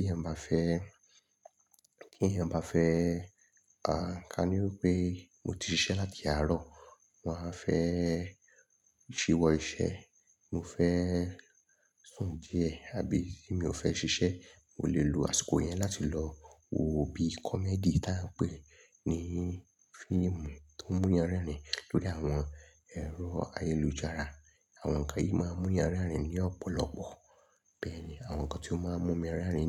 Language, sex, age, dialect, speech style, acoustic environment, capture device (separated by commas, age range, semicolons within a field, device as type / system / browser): Yoruba, male, 25-34, Nigeria - Lagos Yoruba, nervous; excited; focused; relaxed, silence; people talking; nature; traffic, mobile / Linux / Chrome